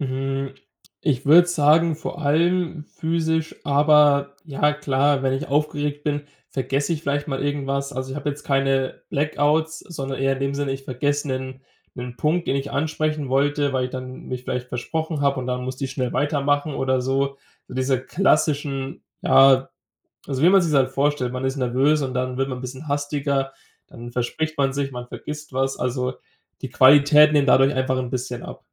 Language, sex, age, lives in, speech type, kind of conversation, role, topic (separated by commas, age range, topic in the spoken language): German, male, 20-24, Germany, conversation, advice, user, Wie äußert sich deine Angst vor Präsentationen oder Reden bei der Arbeit?